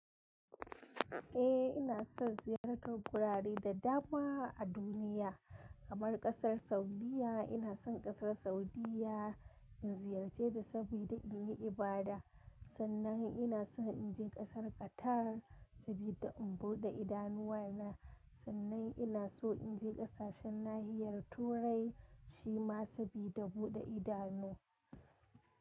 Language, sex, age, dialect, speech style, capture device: Hausa, female, 25-34, Nigeria - Kano, happy, mobile / Linux / Chrome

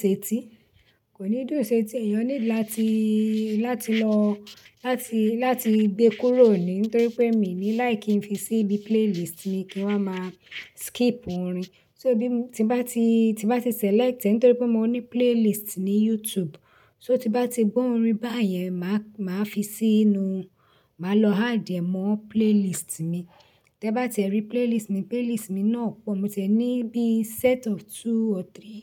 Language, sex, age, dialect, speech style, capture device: Yoruba, female, 35-44, Nigeria - Lagos Yoruba, relaxed, desktop / Linux / Chrome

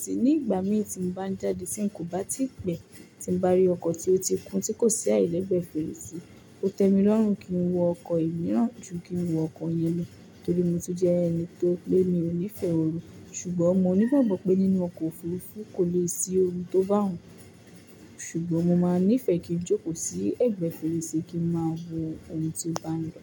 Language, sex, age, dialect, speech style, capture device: Yoruba, female, 18-24, Nigeria - Lagos Yoruba, focused; relaxed, mobile / Linux / Chrome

